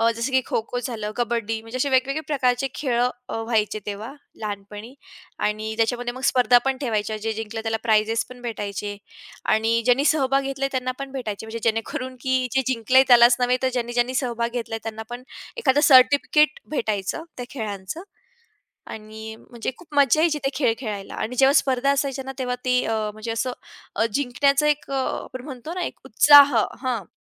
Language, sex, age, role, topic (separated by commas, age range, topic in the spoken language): Marathi, female, 20-24, guest, तुमचा बचपणातील आवडता खेळ कोणता होता आणि तो तुम्हाला का आवडायचा?